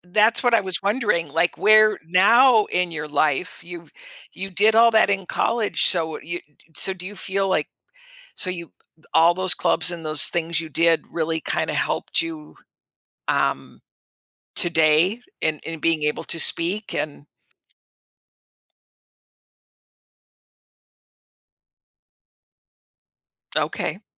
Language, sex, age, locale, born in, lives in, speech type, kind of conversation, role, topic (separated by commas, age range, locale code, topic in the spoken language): English, female, 65-69, en-US, United States, United States, conversation, podcast, host, How do the choices we make shape the direction of our lives?